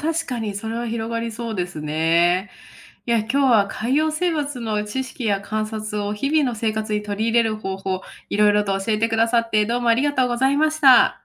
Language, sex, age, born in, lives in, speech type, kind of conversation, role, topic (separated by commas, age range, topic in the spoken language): Japanese, female, 30-34, Japan, United States, read, expert-assistant, asker, 忙しい社会人でも、海洋生物学の知識や観察を日々の生活に取り入れるための工夫やルーティンはありますか？